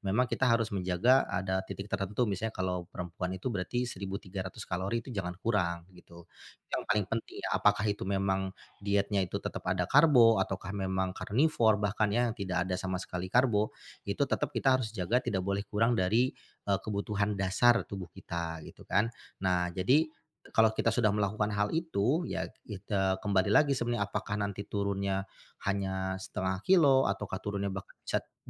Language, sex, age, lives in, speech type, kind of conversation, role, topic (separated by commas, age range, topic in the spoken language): Indonesian, male, 40-44, Indonesia, conversation, advice, advisor, Bagaimana cara menurunkan berat badan secara bertahap tanpa perubahan yang terlalu drastis?